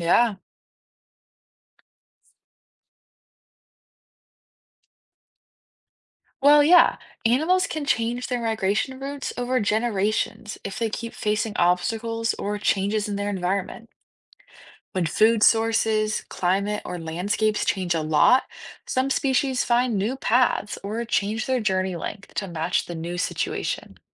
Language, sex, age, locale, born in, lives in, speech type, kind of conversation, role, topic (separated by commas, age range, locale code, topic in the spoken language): English, female, 18-19, en-US, United States, United States, read, expert-assistant, expert, Why do some animals migrate and how do they find their way?